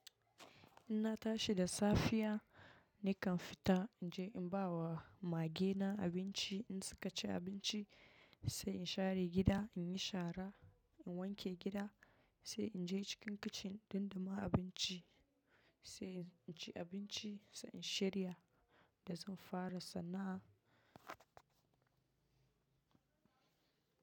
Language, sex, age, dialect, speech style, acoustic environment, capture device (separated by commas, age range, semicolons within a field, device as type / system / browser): Hausa, female, 25-34, Nigeria - Nigerian Standard, relaxed, silence, mobile / macOS / Safari